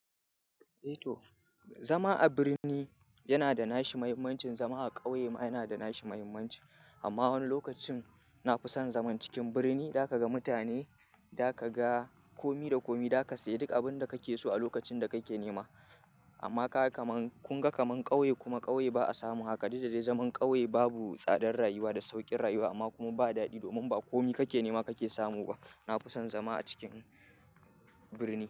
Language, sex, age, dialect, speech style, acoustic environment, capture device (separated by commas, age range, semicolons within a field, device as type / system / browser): Hausa, male, 25-34, Nigeria - Kano, happy; anxious; tired; relaxed; nervous; excited; focused; stressed, people talking; music; silence; nature; traffic; construction; appliances, mobile / Linux / Chrome